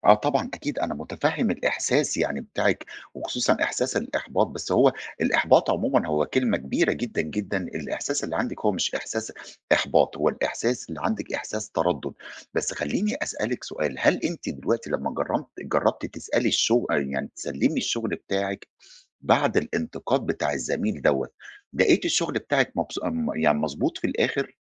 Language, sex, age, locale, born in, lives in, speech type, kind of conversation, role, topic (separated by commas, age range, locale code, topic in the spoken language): Arabic, male, 40-44, ar-EG, Egypt, Egypt, conversation, advice, advisor, إزاي أقدر أحافظ على ثقتي في نفسي لما بتوصلني انتقادات؟